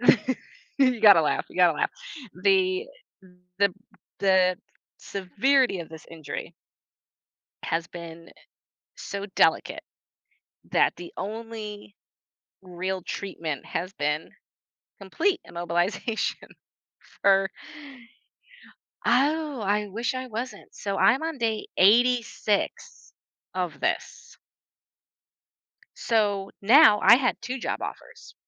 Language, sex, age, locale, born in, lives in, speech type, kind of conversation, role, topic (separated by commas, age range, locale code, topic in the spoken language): English, female, 35-39, en-US, United States, United States, conversation, unstructured, none, How do high interest rates on credit cards impact people's financial decisions?